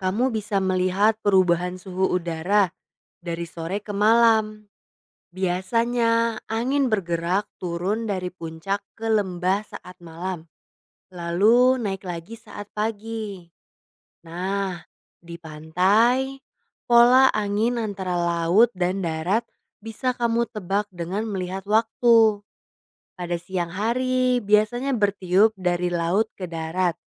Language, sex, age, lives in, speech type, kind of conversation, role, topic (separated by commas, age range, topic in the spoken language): Indonesian, female, 20-24, Indonesia, read, expert-assistant, expert, Apa yang menyebabkan angin bertiup di bumi?